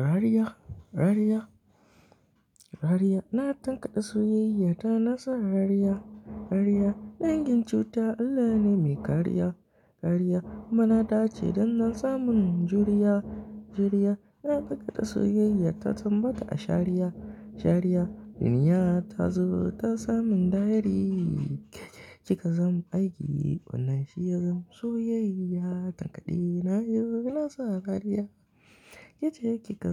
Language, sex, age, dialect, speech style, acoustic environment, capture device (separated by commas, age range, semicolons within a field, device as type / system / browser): Hausa, male, 18-24, Nigeria - Kano, happy, appliances, mobile / Linux / Chrome